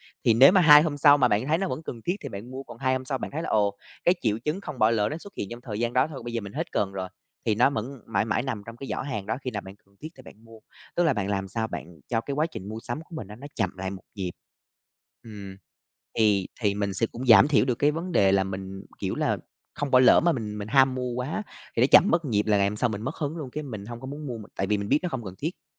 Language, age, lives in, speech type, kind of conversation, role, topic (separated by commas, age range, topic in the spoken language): Vietnamese, 25-29, Vietnam, conversation, advice, advisor, Bạn có đang nghiện mua sắm trực tuyến và chi tiêu không kiểm soát không?